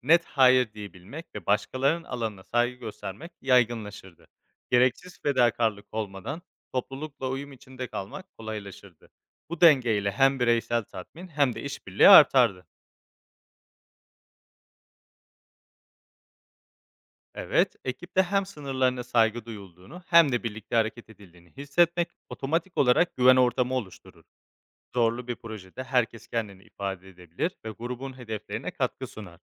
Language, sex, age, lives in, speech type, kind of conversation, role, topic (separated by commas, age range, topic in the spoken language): Turkish, male, 25-29, Spain, read, expert-assistant, expert, Evde ya da işte, insanlar olarak hangi evrimsel özelliğimiz değişse hayatımız kolaylaşırdı?